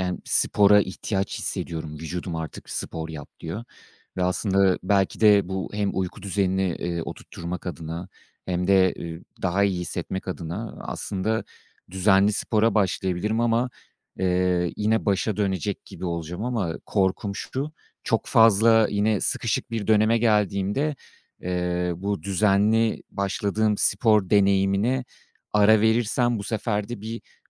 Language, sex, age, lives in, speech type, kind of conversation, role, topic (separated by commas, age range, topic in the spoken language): Turkish, male, 35-39, France, conversation, advice, user, Yaratıcı işlerin ile günlük sorumluluklarını dengelemekte neden zorlanıyorsun?